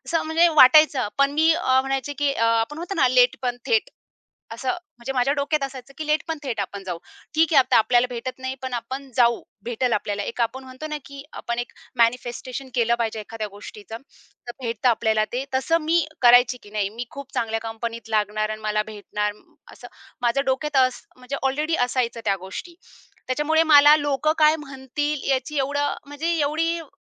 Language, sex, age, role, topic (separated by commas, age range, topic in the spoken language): Marathi, female, 30-34, guest, अपयशानंतर तुम्ही कसे पुढे जाता?